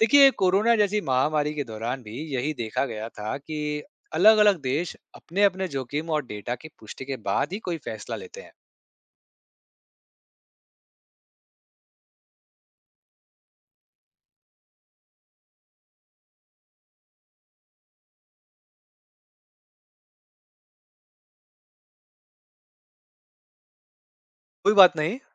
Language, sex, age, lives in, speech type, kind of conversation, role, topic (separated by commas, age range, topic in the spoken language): Hindi, male, 35-39, India, read, expert-assistant, expert, महामारी के समय देशों के बीच जानकारी साझा करने में क्या चुनौतियाँ आती हैं?